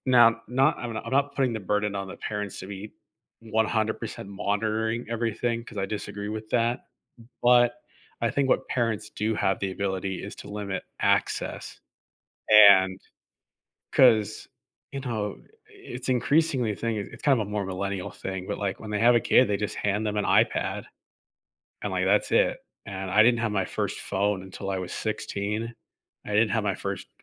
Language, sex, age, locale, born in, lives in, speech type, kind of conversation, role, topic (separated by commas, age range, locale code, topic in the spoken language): English, male, 20-24, en-US, United States, United States, conversation, unstructured, none, How has the rise of social media changed the way young people experience bullying?